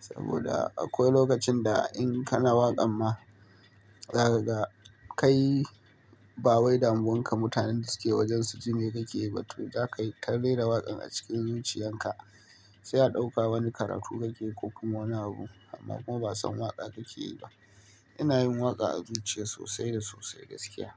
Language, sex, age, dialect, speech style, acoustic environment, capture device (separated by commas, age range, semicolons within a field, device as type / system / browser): Hausa, male, 25-34, Nigeria - Kano, nervous, nature; appliances, mobile / Linux / Chrome